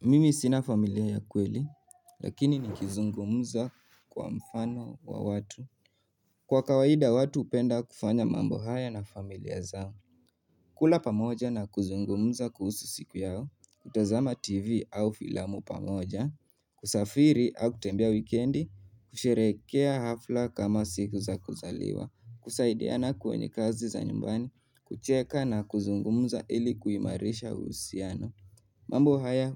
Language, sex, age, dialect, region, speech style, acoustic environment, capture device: Swahili, male, 18-24, Kenya - Nairobi Sheng-influenced, Kenya, nervous, silence, mobile / Linux / Chrome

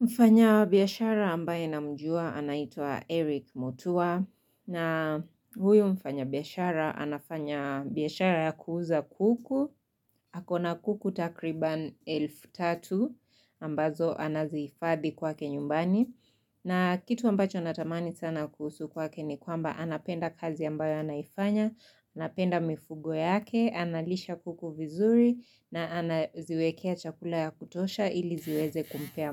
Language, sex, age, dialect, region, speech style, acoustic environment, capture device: Swahili, female, 25-34, Kenya - Nairobi Sheng-influenced, Kenya, happy; relaxed; focused, silence, mobile / Linux / Chrome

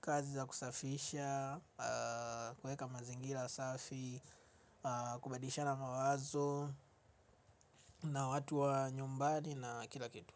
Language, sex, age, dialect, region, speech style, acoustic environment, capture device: Swahili, male, 25-34, Tanzania - Dar es Salaam, Tanzania, focused; relaxed, silence, mobile / Linux / Chrome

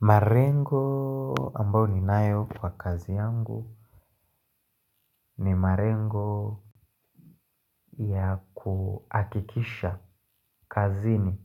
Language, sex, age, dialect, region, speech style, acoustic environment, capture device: Swahili, male, 25-34, Tanzania - Dar es Salaam, Tanzania, happy, silence, mobile / Linux / Chrome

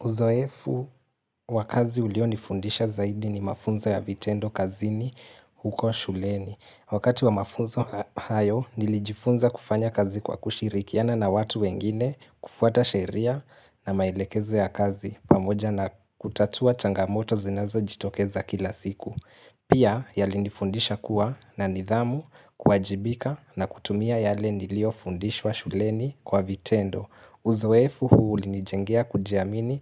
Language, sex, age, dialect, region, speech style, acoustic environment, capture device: Swahili, male, 18-24, Kenya - Mombasa, Kenya, relaxed; focused, silence, mobile / Linux / Chrome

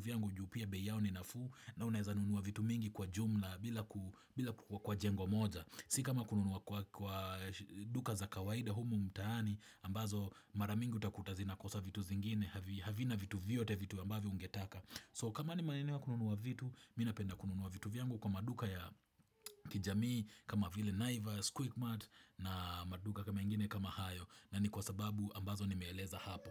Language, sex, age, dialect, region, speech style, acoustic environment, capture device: Swahili, male, 25-34, Kenya - Nairobi Sheng-influenced, Kenya, happy, appliances, desktop / Windows / Chrome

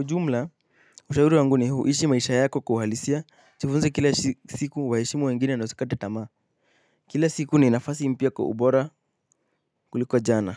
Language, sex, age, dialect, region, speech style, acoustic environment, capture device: Swahili, male, 18-24, Kenya - Nairobi Sheng-influenced, Kenya, happy, silence, mobile / Linux / Chrome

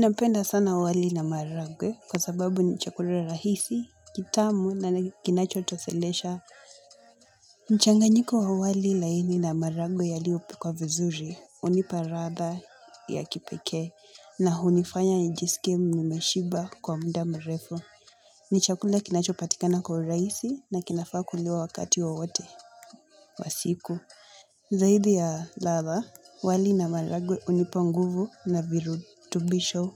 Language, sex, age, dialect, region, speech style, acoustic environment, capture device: Swahili, female, 18-24, Kenya - Mombasa, Kenya, relaxed, construction, mobile / Linux / Chrome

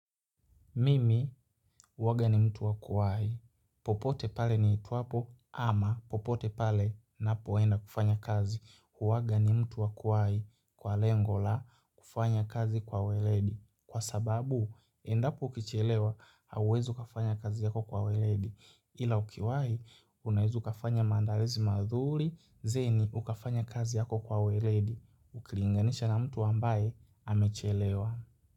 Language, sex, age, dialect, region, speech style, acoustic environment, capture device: Swahili, male, 18-24, Kenya - Nairobi Sheng-influenced, Kenya, happy, silence, mobile / Linux / Chrome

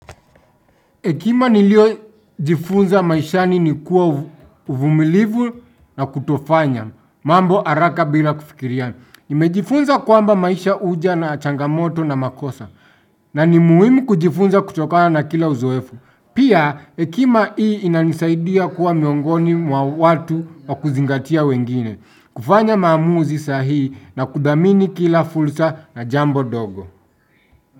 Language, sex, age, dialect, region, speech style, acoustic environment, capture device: Swahili, male, 25-34, Kenya - Nairobi Sheng-influenced, Kenya, happy; anxious; tired; nervous, silence, desktop / Windows / Chrome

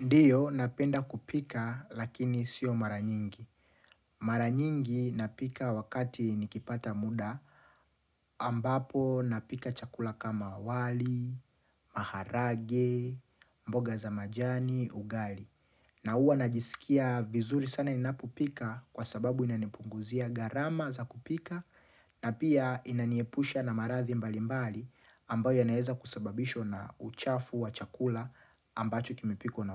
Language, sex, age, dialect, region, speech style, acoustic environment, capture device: Swahili, male, 25-34, Tanzania - Dar es Salaam, Tanzania, happy, nature, mobile / Linux / Chrome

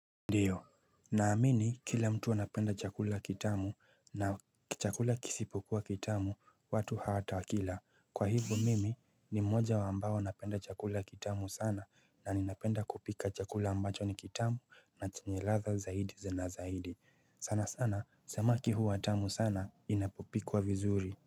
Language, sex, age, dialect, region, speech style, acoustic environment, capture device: Swahili, male, 25-34, Kenya - Nairobi Sheng-influenced, Kenya, relaxed; focused, nature, mobile / Linux / Chrome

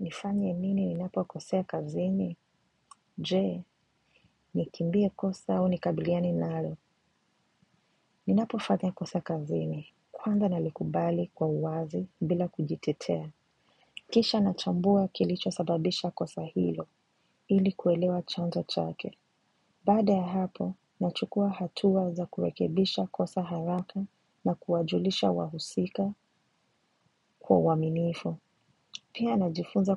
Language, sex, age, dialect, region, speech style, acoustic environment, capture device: Swahili, female, 25-34, Kenya - Mombasa, Kenya, relaxed, silence; nature, mobile / macOS / Safari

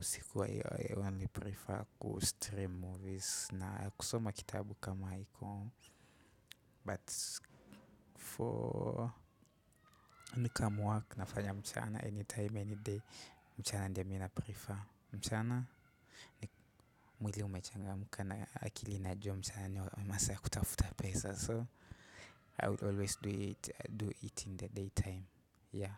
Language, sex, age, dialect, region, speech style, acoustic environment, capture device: Swahili, male, 18-24, Kenya - Nairobi Sheng-influenced, Kenya, relaxed; focused, nature; silence, desktop / Windows / Chrome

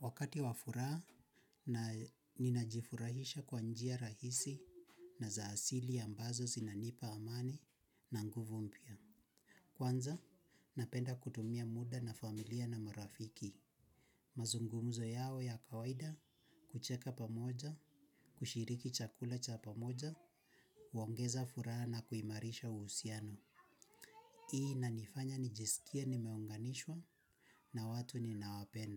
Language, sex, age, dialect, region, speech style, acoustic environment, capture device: Swahili, male, 25-34, Kenya - Nairobi Sheng-influenced, Kenya, focused, silence; nature, mobile / Linux / Chrome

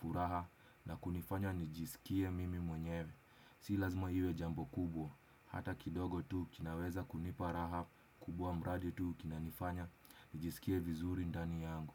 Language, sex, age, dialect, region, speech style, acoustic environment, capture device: Swahili, male, 25-34, Kenya - Nairobi Sheng-influenced, Kenya, relaxed, silence, desktop / Windows / Chrome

